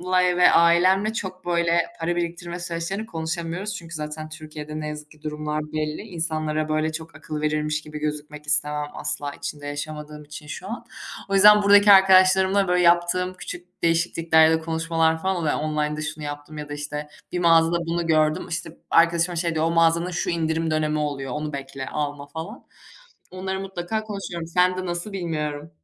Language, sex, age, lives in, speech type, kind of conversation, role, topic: Turkish, female, 25-29, Germany, conversation, unstructured, none, Para biriktirmek için günlük hayatında yaptığın küçük değişiklikler nelerdir?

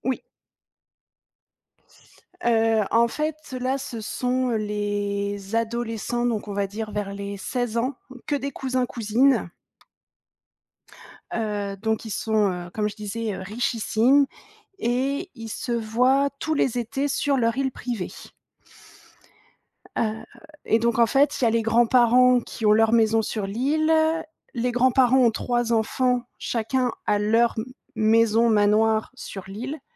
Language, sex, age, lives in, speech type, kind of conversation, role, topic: French, female, 30-34, France, conversation, podcast, guest, Quel livre ou quel film t’a bouleversé, et pourquoi ?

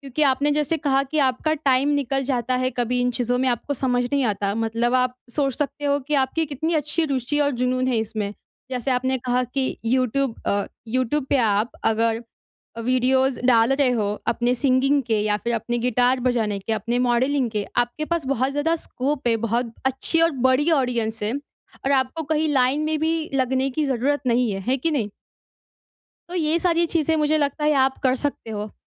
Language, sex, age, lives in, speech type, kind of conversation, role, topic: Hindi, female, 20-24, India, conversation, advice, advisor, मैं अपनी असली रुचियाँ और जुनून कैसे पहचान सकता/सकती हूँ?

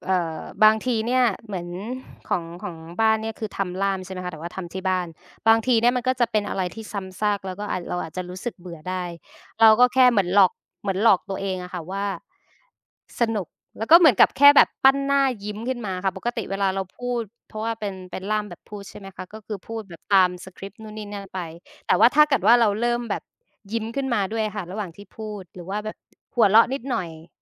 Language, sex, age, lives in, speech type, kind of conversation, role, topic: Thai, female, 25-29, Thailand, conversation, unstructured, none, วันทำงานแบบไหนที่คุณรู้สึกว่าสนุกที่สุด?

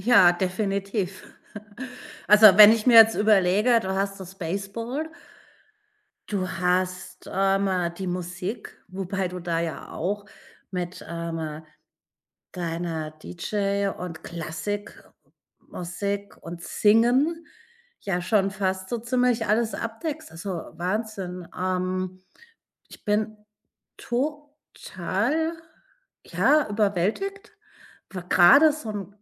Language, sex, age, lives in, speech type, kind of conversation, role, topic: German, female, 40-44, Germany, conversation, podcast, host, Welche Abendroutine hilft dir, runterzukommen?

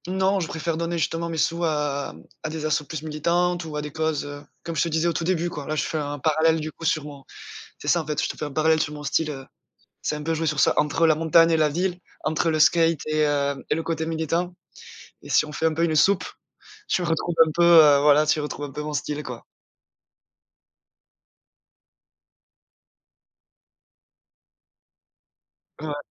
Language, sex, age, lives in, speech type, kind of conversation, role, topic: French, male, 25-29, France, conversation, podcast, guest, Comment ton style raconte-t-il une partie de ton histoire personnelle ?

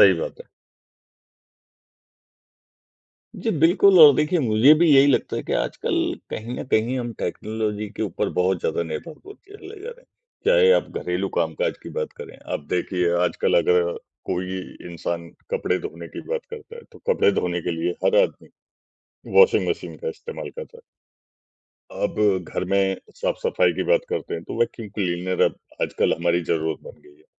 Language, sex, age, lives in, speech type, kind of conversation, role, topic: Hindi, male, 55-59, India, conversation, unstructured, none, क्या तकनीक ने आपके काम करने का तरीका बदला है?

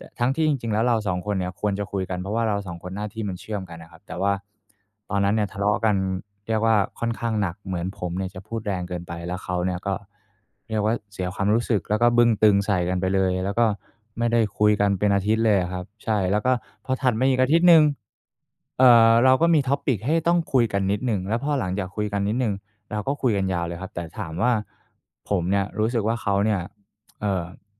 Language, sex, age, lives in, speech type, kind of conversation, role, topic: Thai, male, 25-29, Thailand, conversation, advice, user, ฉันควรให้คำติชมเพื่อนร่วมงานอย่างไรให้เขาไม่รู้สึกเสียใจ?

